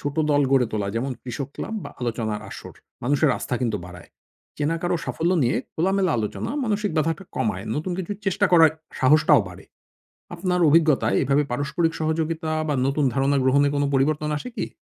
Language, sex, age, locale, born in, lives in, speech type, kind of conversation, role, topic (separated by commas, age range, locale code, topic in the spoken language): Bengali, male, 40-44, bn-BD, Bangladesh, Finland, read, expert-assistant, expert, কীভাবে গ্রামবাংলার প্রচলিত চাষের পদ্ধতি আর আধুনিক ভাবনা একসঙ্গে মিলিয়ে টেকসই চাষ করা যায়?